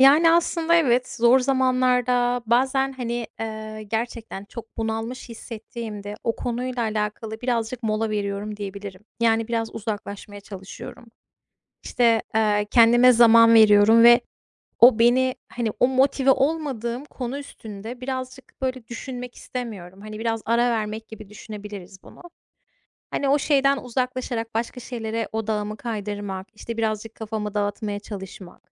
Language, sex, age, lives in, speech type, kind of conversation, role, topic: Turkish, female, 35-39, United States, conversation, unstructured, none, Zor zamanlarda motivasyonunu nasıl koruyorsun?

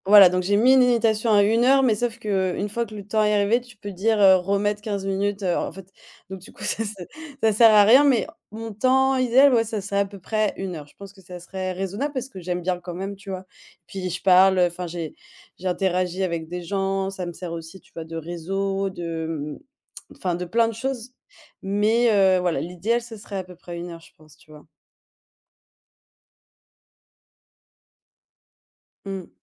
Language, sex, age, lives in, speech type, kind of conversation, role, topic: French, female, 25-29, Germany, conversation, advice, user, Comment vivez-vous la distraction numérique constante liée aux réseaux sociaux et aux notifications ?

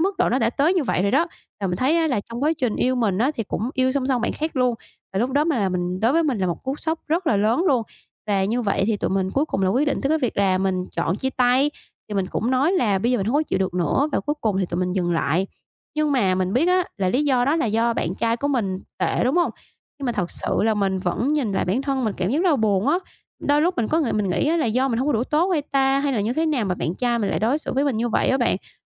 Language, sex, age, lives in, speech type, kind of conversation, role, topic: Vietnamese, female, 25-29, Vietnam, conversation, advice, user, Làm sao để tìm lại chính mình sau khi chia tay?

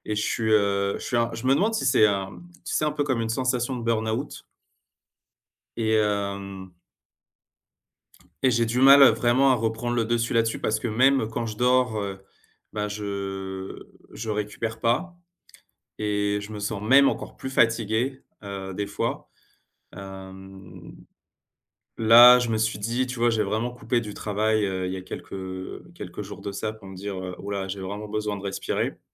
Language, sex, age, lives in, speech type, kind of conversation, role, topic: French, male, 35-39, France, conversation, advice, user, Comment décririez-vous votre épuisement émotionnel et votre difficulté à récupérer ?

